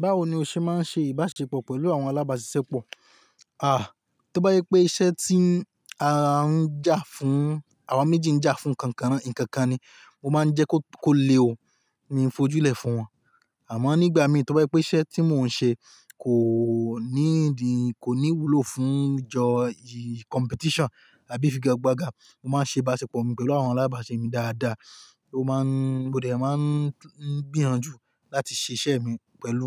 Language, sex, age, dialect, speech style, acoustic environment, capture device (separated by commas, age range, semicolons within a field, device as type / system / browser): Yoruba, male, 25-34, Nigeria - Ibadan, happy; excited; relaxed, nature, mobile / Linux / Chrome